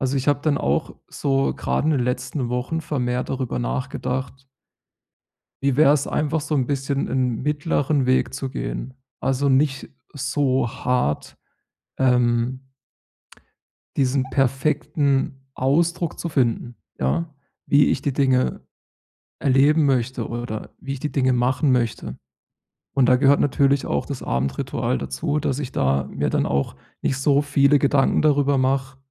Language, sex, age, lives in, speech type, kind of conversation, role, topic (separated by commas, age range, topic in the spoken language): German, male, 30-34, Germany, conversation, advice, user, Wie finde ich ruhige Abendrituale, um besser zu entspannen?